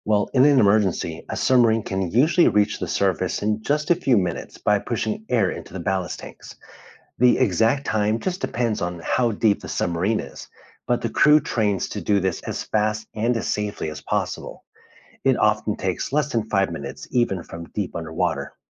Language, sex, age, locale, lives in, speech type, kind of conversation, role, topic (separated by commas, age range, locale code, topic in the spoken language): English, male, 35-39, en-US, United States, read, expert-assistant, expert, How do submarines dive and surface in the ocean?